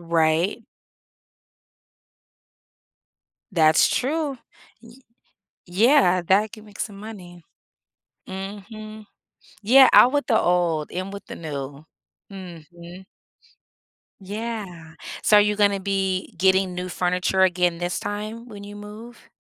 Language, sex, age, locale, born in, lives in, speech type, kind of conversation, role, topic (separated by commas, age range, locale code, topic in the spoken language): English, female, 40-44, en-US, United States, United States, conversation, advice, advisor, How can I move into my new home smoothly?